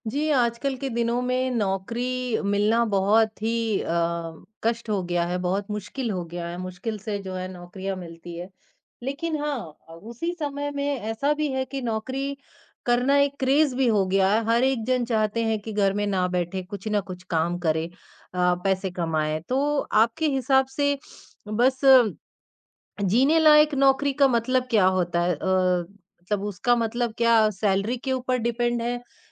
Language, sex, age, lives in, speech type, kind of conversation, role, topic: Hindi, male, 50-54, India, conversation, podcast, host, क्या केवल जीने लायक नौकरी को भी सफलता माना जा सकता है?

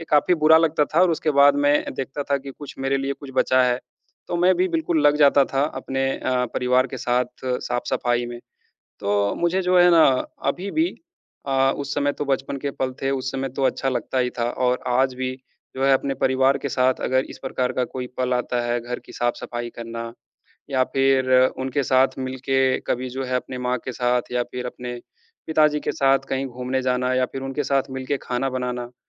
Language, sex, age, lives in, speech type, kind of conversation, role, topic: Hindi, male, 30-34, India, conversation, unstructured, none, आप अपने परिवार के साथ कौन-सी याद को सबसे खास मानते हैं?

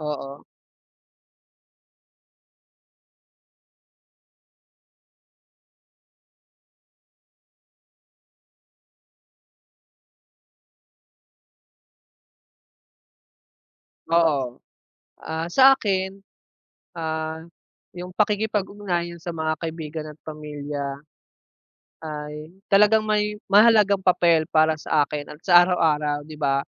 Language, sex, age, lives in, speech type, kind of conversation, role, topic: Filipino, male, 25-29, Philippines, conversation, unstructured, none, Ano ang mga simpleng paraan para maging masaya araw-araw?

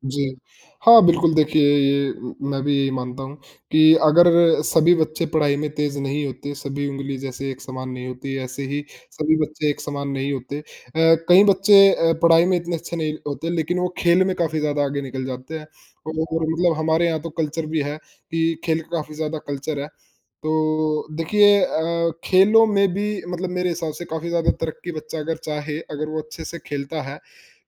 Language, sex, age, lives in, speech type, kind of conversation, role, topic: Hindi, male, 20-24, India, conversation, unstructured, none, क्या पढ़ाई का दबाव बच्चों को परेशान करता है?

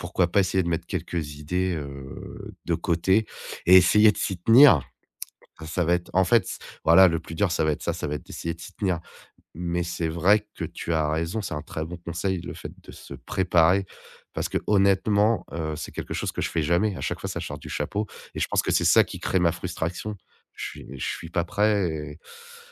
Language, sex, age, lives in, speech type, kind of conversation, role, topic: French, male, 35-39, France, conversation, advice, user, Comment puis-je accueillir la critique sans me sentir attaqué ni me mettre sur la défensive ?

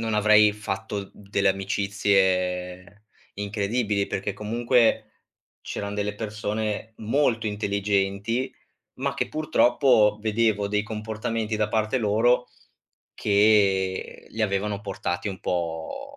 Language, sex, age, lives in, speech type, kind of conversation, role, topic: Italian, male, 25-29, Italy, conversation, podcast, guest, Come si può combattere la solitudine nella vita di tutti i giorni?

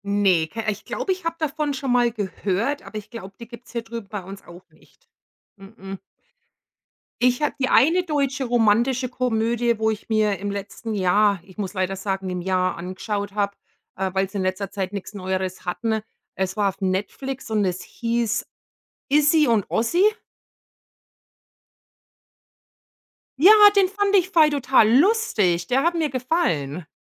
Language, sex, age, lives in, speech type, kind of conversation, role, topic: German, female, 45-49, United States, conversation, unstructured, none, Gibt es einen Film, der dich zum Lachen gebracht hat?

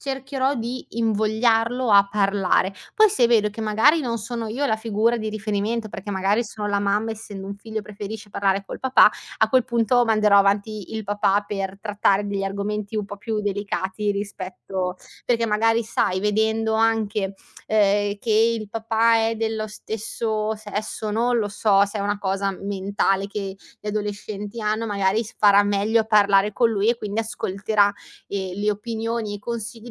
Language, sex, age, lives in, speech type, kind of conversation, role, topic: Italian, female, 25-29, Italy, conversation, podcast, guest, Come parli ai tuoi figli di temi difficili?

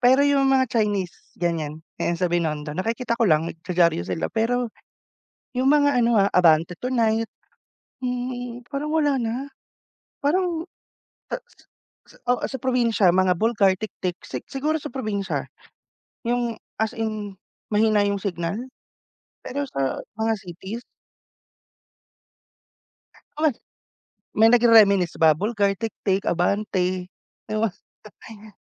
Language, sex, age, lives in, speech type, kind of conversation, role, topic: Filipino, male, 30-34, Philippines, conversation, unstructured, none, Paano mo nakikita ang epekto ng midyang panlipunan sa ating pang-araw-araw na buhay?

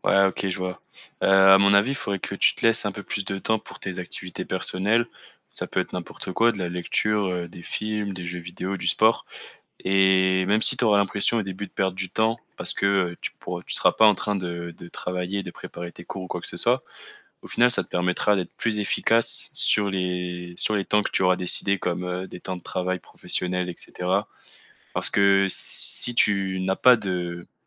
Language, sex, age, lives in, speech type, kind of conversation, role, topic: French, male, 20-24, France, conversation, advice, advisor, Comment identifier les signes physiques et émotionnels de l’épuisement, et savoir s’il s’agit d’une fatigue normale ou d’un burnout ?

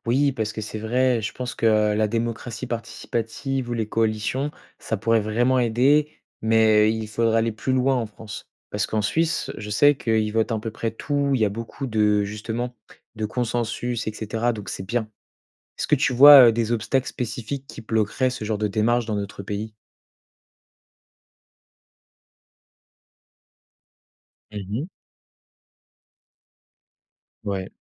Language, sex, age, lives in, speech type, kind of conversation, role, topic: French, male, 20-24, France, read, expert-assistant, asker, Quels sont les points forts et les faiblesses d’un pays avec beaucoup de partis politiques, comparé à un pays avec seulement deux grands partis ?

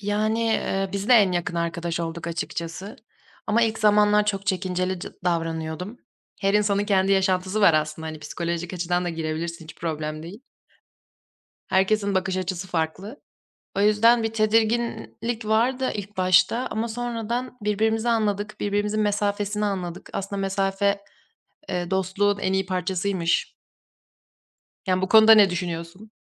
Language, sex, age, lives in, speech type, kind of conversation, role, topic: Turkish, female, 20-24, Germany, conversation, unstructured, none, En yakın arkadaşınla nasıl tanıştınız?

